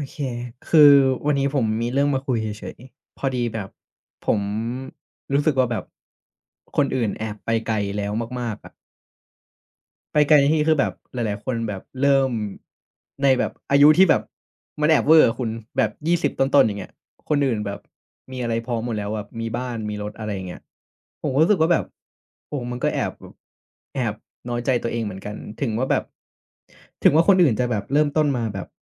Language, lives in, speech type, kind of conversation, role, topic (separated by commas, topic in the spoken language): Thai, Thailand, conversation, advice, user, ทำอย่างไรเมื่อเผลอเปรียบเทียบตัวเองกับคนอื่นแล้วรู้สึกท้อใจ?